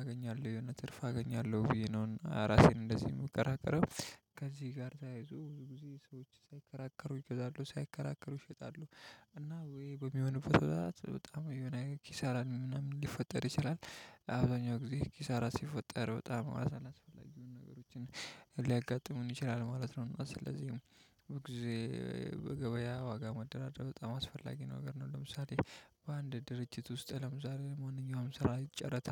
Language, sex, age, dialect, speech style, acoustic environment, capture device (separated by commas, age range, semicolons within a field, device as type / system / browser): Amharic, male, 25-34, Ethiopia - Addis Ababa, relaxed, silence, mobile / Linux / Chrome